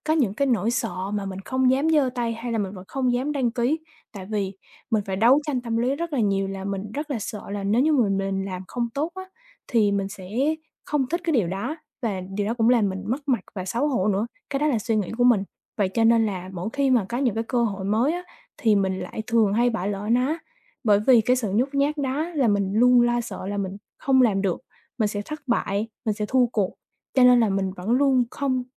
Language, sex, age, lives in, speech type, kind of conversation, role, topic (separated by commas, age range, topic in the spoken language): Vietnamese, female, 20-24, Vietnam, conversation, advice, user, Nỗi sợ thất bại có đang khiến bạn chần chừ, không dám bắt đầu dự án mới không?